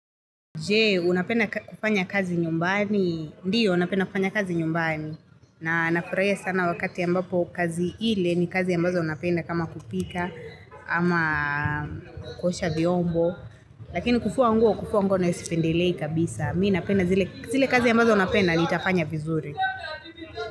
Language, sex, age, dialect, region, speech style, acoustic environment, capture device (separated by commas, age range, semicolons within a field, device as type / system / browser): Swahili, female, 25-34, Kenya - Nairobi Sheng-influenced, Kenya, relaxed, traffic; people talking, mobile / Linux / Chrome